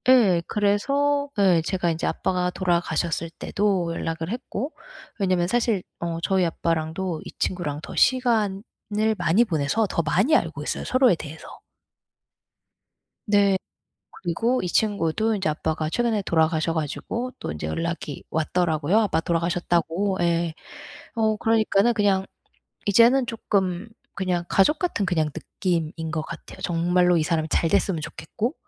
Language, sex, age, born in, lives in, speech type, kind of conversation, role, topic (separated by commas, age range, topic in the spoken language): Korean, female, 40-44, United States, United States, conversation, advice, user, 가족의 기대 때문에 죄책감을 자주 느낄 때 어떻게 하면 좋을까요?